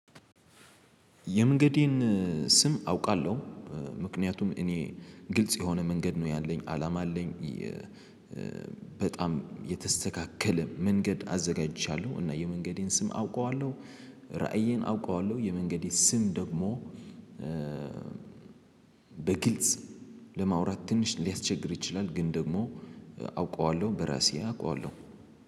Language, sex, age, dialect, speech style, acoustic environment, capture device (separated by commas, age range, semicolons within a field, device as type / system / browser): Amharic, male, 18-24, Ethiopia - Addis Ababa, excited, silence, mobile / Linux / Chrome